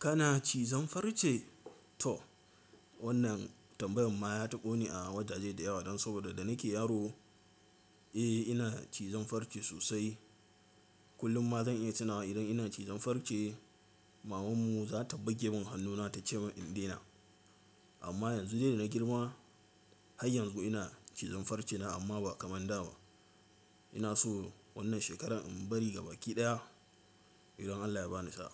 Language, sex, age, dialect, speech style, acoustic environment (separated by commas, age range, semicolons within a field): Hausa, male, 18-24, Nigeria - Katsina, nervous; excited; focused, silence; appliances